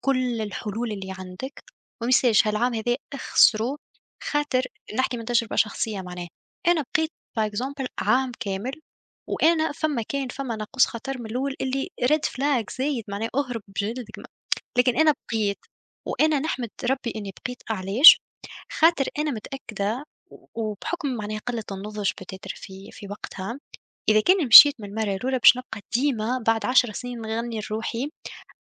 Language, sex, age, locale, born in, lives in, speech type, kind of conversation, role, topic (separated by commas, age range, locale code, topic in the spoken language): Arabic, female, 25-29, ar-TN, Tunisia, Germany, conversation, unstructured, none, إزاي بتتعامل مع الفشل لما يحصل؟